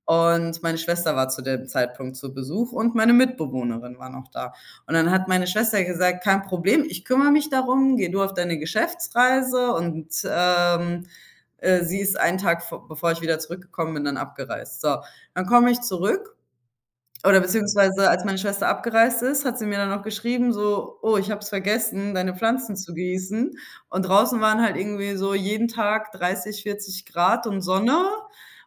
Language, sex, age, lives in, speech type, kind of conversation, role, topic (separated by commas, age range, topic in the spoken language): German, female, 25-29, Spain, conversation, podcast, guest, Welche Rolle spielen Pflanzen bei der Gestaltung deines Wohnraums?